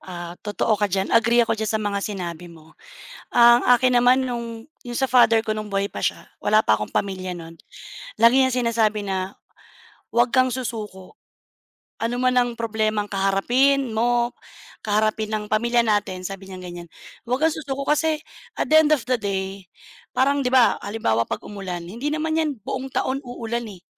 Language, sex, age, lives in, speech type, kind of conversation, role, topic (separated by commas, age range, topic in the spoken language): Filipino, female, 35-39, Philippines, conversation, unstructured, none, Ano ang pinakamahalagang aral na natutunan mo mula sa iyong pamilya?